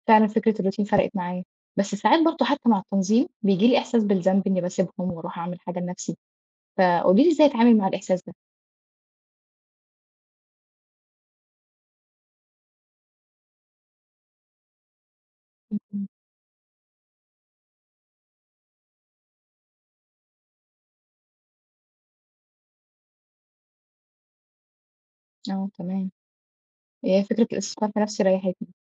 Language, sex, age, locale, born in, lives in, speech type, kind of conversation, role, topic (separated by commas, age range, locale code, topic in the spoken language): Arabic, female, 25-29, ar-EG, Egypt, Egypt, read, expert-assistant, asker, إزاي أوازن بين شغلي ومسئولياتي وفي نفس الوقت ألاقي وقت أحقق شغفي بالهايكينج والكامبنج؟